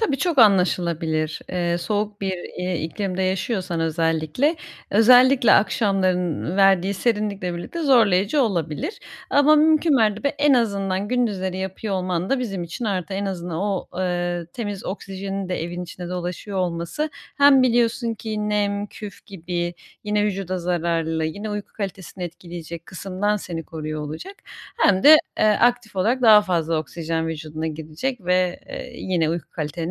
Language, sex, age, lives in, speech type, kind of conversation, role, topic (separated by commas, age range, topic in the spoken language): Turkish, female, 30-34, Germany, conversation, advice, advisor, Düzenli bir uyku saati ve yatmadan önce rahatlatıcı bir ritüel nasıl oluşturabilirim?